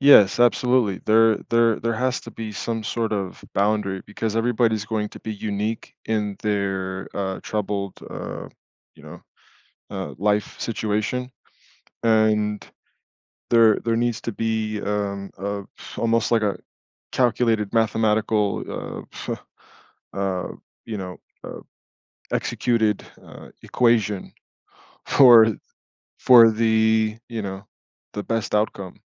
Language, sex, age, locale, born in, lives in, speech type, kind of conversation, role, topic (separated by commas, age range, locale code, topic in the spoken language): English, male, 35-39, en-US, United States, United States, conversation, podcast, guest, What are some meaningful ways families can help each other get through difficult times?